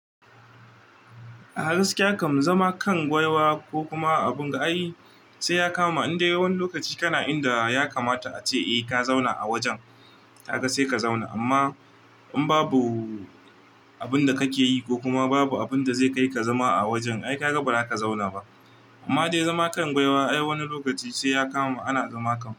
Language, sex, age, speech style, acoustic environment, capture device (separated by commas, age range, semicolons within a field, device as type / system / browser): Hausa, male, 25-34, relaxed; focused; happy, nature, mobile / Linux / Chrome